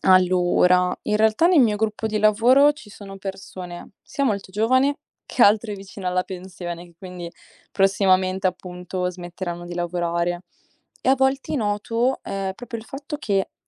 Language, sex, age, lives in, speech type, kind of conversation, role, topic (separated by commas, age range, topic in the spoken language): Italian, female, 18-19, Italy, read, expert-assistant, asker, Come si può favorire la collaborazione tra generazioni diverse?